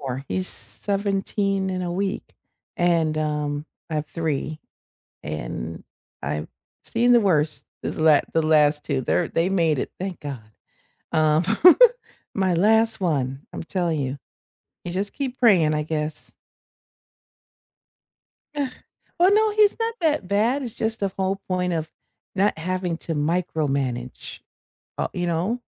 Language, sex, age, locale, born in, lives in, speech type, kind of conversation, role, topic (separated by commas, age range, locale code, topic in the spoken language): English, female, 50-54, en-US, United States, United States, conversation, unstructured, none, What is one tech feature you wish every device had?